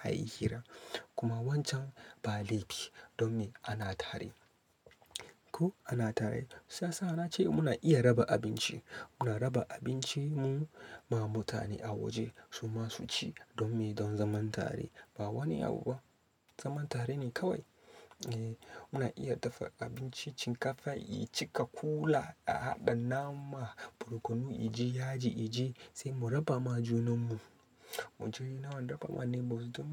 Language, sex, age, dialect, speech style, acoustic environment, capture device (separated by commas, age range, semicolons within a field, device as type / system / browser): Hausa, male, 25-34, Nigeria - Katsina, happy; focused, nature, desktop / Linux / Chrome